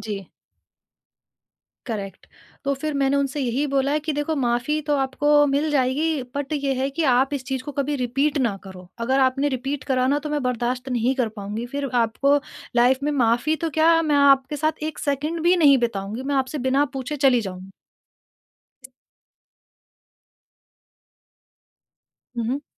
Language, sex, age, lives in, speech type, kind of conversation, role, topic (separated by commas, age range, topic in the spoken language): Hindi, female, 30-34, India, conversation, podcast, guest, Aapke hisaab se kisi ko kab maaf karna chahiye aur kab nahi?